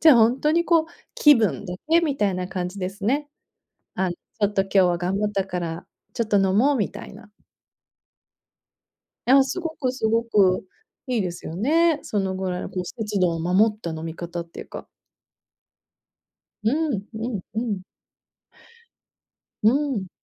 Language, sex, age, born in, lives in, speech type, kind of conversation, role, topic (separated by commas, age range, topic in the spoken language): Japanese, female, 40-44, Japan, United States, conversation, advice, advisor, 就寝前に体の緊張をやわらげる簡単な方法はありますか？